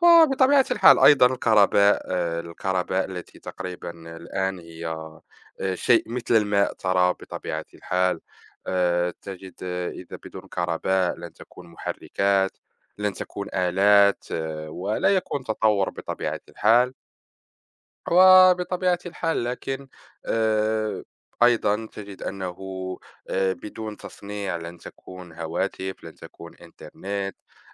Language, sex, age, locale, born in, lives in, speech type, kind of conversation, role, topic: Arabic, male, 20-24, ar-MA, Morocco, France, conversation, unstructured, none, كان هيبقى شكل العالم عامل إزاي النهارده لو الثورة الصناعية ماحصلتش؟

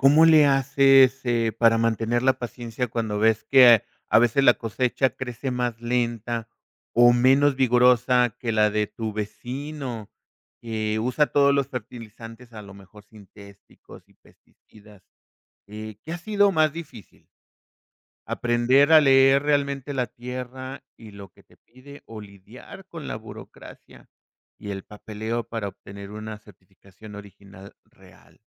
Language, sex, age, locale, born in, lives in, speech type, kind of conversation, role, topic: Spanish, male, 50-54, es-MX, Mexico, Mexico, conversation, podcast, host, ¿Cuál ha sido el mayor reto en tu proyecto personal?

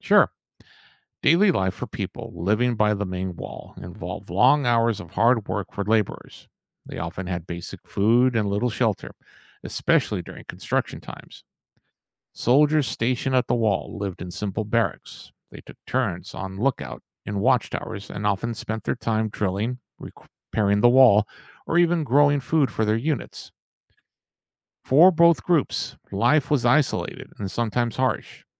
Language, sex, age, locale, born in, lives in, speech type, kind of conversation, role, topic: English, male, 55-59, en-US, United States, United States, read, expert-assistant, expert, Why was the Great Wall of China built?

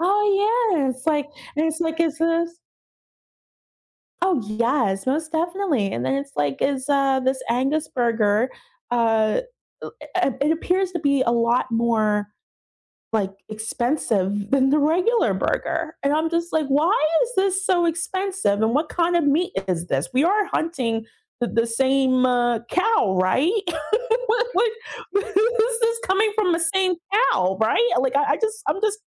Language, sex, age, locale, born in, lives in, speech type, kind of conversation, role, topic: English, female, 35-39, en-US, United States, United States, conversation, unstructured, none, What should I do to make the food I eat safer?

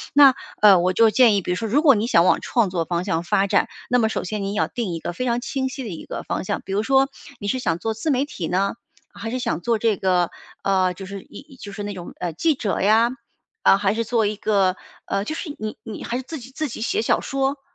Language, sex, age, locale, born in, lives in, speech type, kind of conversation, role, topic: Chinese, female, 45-49, zh-CN, China, United States, conversation, advice, advisor, 我该如何在追求工作稳定与寻找有意义的事业之间做出选择？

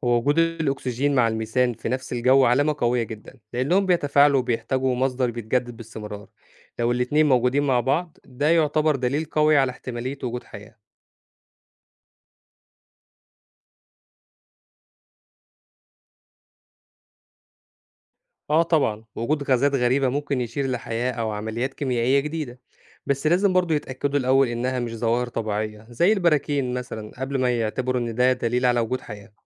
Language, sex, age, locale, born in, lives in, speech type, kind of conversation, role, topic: Arabic, male, 25-29, ar-EG, Egypt, Egypt, read, expert-assistant, expert, لو حبينا نشبه احتمالية وجود حياة على كوكب تاني بحاجة بتحصل حوالينا على الأرض، إيه أكتر تشبيه ممكن يقرب المعنى؟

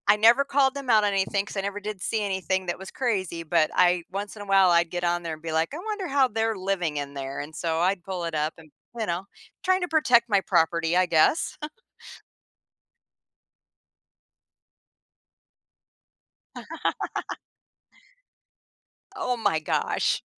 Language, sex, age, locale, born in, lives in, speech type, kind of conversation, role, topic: English, female, 55-59, en-US, United States, United States, conversation, podcast, guest, How has social media changed the way we build and maintain friendships?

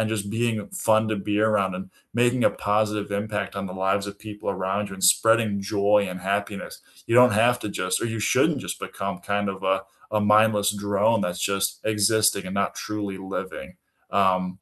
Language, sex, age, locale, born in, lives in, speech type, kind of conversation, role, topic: English, male, 25-29, en-US, United States, United States, conversation, unstructured, none, Which animated films unexpectedly hit you harder as an adult, and why do they resonate now?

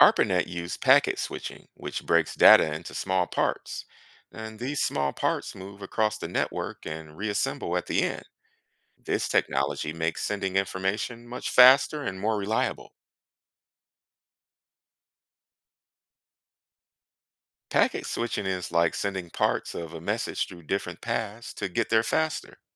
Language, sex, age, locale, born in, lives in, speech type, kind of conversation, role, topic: English, male, 35-39, en-US, United States, United States, read, expert-assistant, expert, Who invented the internet?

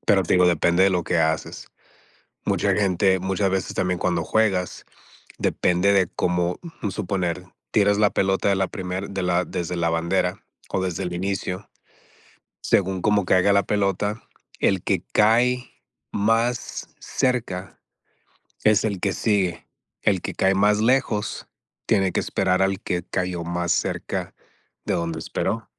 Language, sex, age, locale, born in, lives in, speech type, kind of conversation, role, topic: Spanish, male, 40-44, es-MX, United States, United States, conversation, unstructured, none, ¿Has descubierto algo inesperado sobre ti gracias a algún pasatiempo?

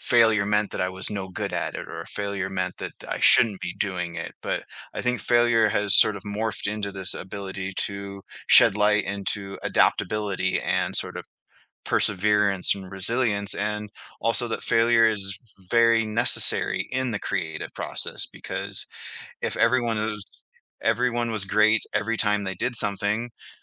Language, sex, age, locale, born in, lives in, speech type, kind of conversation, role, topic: English, male, 40-44, en-US, Canada, United States, conversation, podcast, guest, How can setbacks and mistakes help us become more creative?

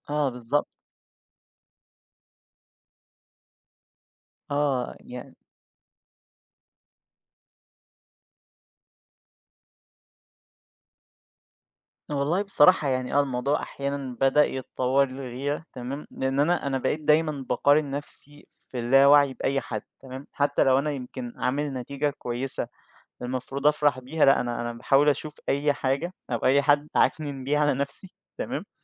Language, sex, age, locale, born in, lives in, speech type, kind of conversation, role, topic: Arabic, male, 20-24, ar-EG, Egypt, Egypt, conversation, podcast, guest, إزاي بتواجه الغيرة ومشاعر المقارنة؟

